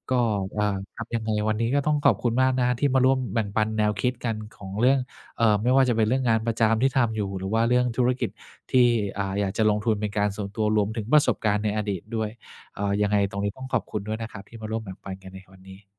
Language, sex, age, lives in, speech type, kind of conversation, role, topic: Thai, male, 50-54, Thailand, conversation, podcast, host, คุณคิดว่างานประจำกับการเริ่มธุรกิจเอง แบบไหนเหมาะกับคุณมากกว่ากัน?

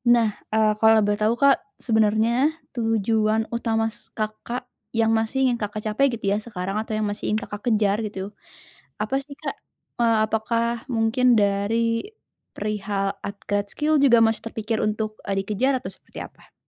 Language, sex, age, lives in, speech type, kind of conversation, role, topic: Indonesian, female, 20-24, Indonesia, conversation, advice, advisor, Bagaimana saya bisa tetap termotivasi ketika tujuan berubah karena situasi yang tidak pasti?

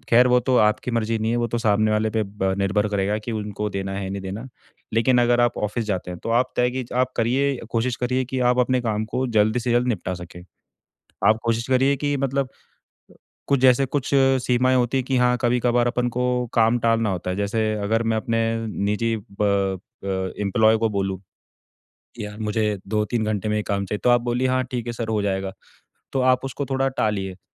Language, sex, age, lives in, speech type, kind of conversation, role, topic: Hindi, male, 25-29, India, conversation, advice, advisor, मैं काम और निजी जीवन के बीच स्पष्ट सीमाएँ कैसे रखूँ?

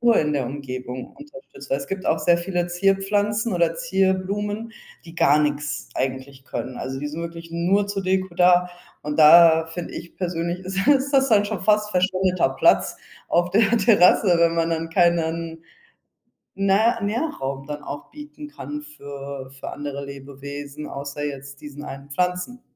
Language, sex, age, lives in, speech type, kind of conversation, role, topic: German, female, 25-29, Spain, conversation, podcast, guest, Welche Rolle spielen Pflanzen bei der Gestaltung deines Wohnraums?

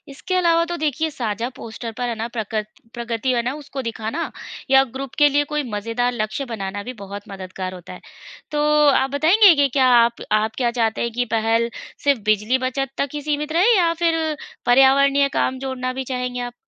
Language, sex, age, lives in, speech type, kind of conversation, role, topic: Hindi, female, 35-39, India, read, expert-assistant, expert, पर्यावरण की मदद के लिए मैं रोज़ क्या छोटे-छोटे बदलाव कर सकता हूँ?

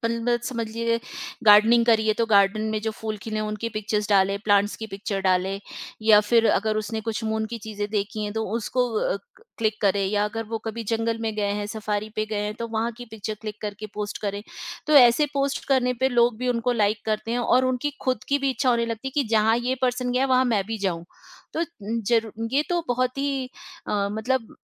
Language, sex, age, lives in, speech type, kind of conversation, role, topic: Hindi, female, 45-49, India, conversation, podcast, guest, Beginners ke liye प्रकृति को ध्यान से देखना शुरू करने का सबसे आसान तरीका क्या है?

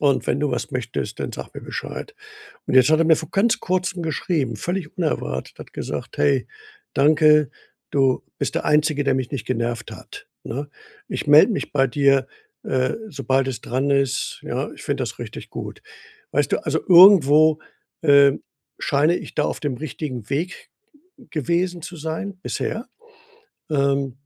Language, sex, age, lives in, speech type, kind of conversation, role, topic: German, male, 65-69, Germany, conversation, advice, user, Wie kann ich Gespräche vertiefen, ohne Druck zu machen?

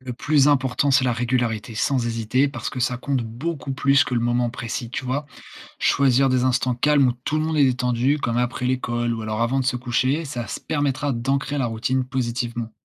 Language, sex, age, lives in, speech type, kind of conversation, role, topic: French, male, 20-24, France, read, expert-assistant, expert, Comment peut-on rendre l’éducation d’un animal plus amusante pour lui comme pour nous ?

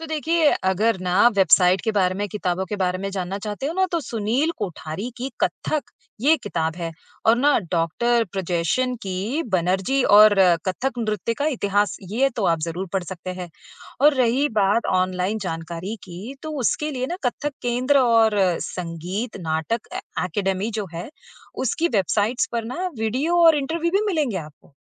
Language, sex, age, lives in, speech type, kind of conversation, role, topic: Hindi, female, 35-39, United States, read, expert-assistant, expert, अगर मैं किसी पारंपरिक नृत्य या रंगमंच के बारे में दिलचस्प बातें जानना चाहूं, तो कहाँ से शुरुआत करूं?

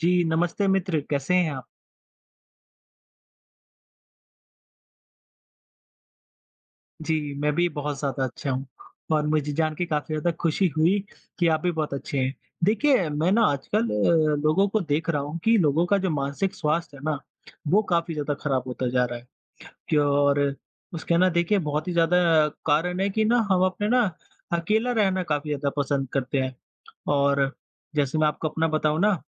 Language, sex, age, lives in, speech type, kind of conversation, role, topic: Hindi, female, 25-29, India, conversation, unstructured, none, दोस्तों और परिवार का मानसिक स्वास्थ्य पर क्या प्रभाव पड़ता है?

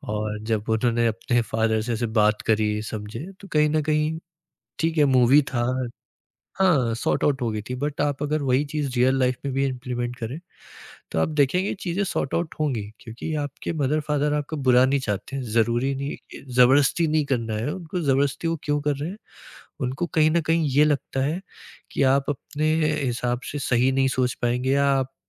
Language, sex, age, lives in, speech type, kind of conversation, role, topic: Hindi, male, 20-24, India, conversation, podcast, guest, Family ki career expectations ko aap kaise handle karte hain?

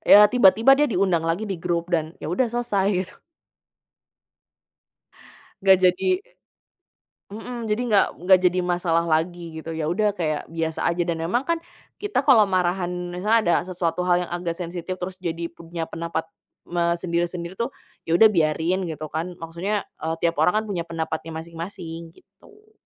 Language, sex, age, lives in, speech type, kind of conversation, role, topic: Indonesian, female, 25-29, Indonesia, conversation, podcast, guest, Bagaimana generasi muda di keluargamu berbeda dari generasi tua?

